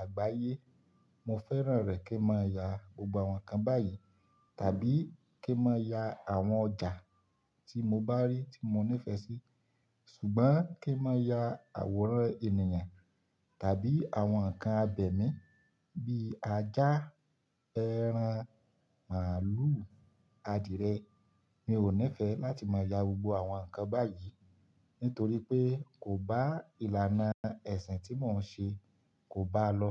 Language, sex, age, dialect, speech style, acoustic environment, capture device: Yoruba, male, 25-34, Nigeria - Ibadan, happy; focused; relaxed, silence, mobile / Linux / Chrome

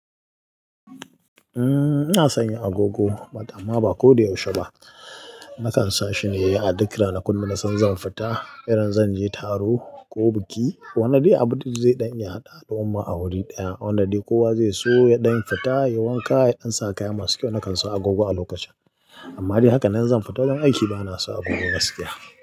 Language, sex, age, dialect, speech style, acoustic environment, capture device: Hausa, male, 25-34, Nigeria - Katsina, nervous; excited; focused; relaxed; tired; anxious; happy; stressed, people talking; music; nature; silence; traffic; construction; appliances, mobile / Linux / Chrome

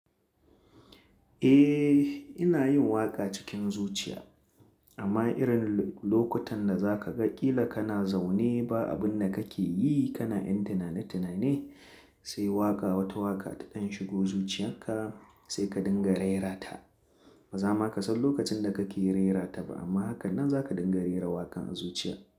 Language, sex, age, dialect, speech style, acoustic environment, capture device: Hausa, male, 25-34, Nigeria - Kano, relaxed, silence, mobile / Linux / Chrome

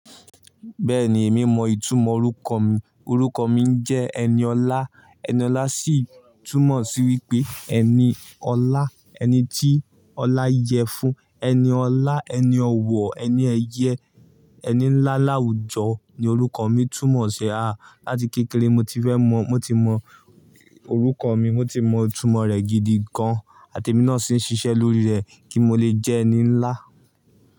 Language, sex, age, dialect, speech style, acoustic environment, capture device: Yoruba, male, 18-24, Nigeria - Ibadan, focused, nature, mobile / Linux / Chrome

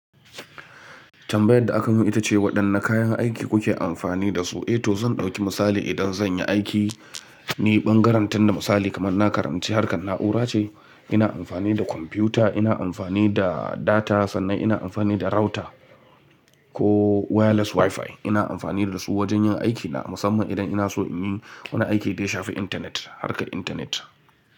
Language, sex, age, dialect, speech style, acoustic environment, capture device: Hausa, male, 25-34, Nigeria - Kano, excited; nervous, nature; appliances, mobile / Linux / Chrome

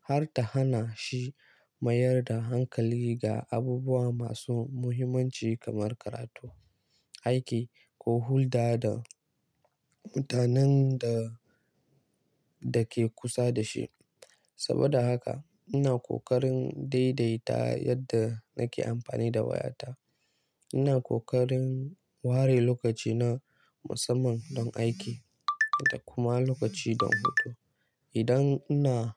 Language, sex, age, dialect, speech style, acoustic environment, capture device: Hausa, male, 18-24, Nigeria - Kano, nervous; focused, nature, mobile / Linux / Chrome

